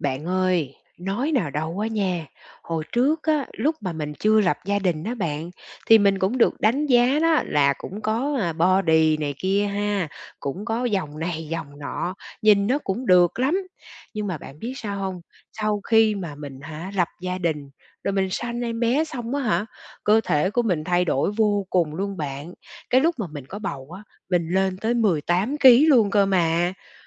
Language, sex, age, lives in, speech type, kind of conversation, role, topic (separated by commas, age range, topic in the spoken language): Vietnamese, female, 40-44, Vietnam, conversation, advice, user, Mình phải làm sao khi cảm thấy mình không còn hấp dẫn vì cơ thể thay đổi sau sinh hoặc do tuổi tác?